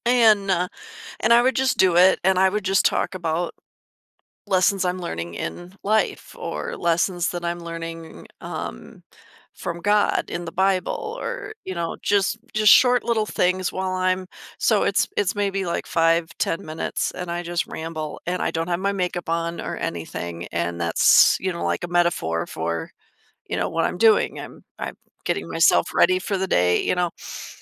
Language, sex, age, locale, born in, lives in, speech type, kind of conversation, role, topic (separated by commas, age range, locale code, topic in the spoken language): English, female, 50-54, en-US, United States, United States, conversation, advice, user, How can I stop comparing myself to friends online?